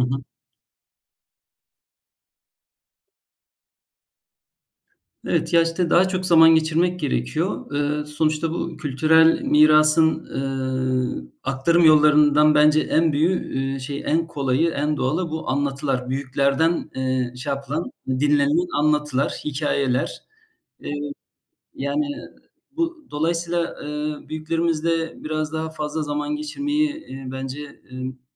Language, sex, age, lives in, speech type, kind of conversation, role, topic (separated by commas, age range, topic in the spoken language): Turkish, male, 45-49, Germany, conversation, unstructured, none, Kültürel kayıplar insanlarda hangi duyguları uyandırır?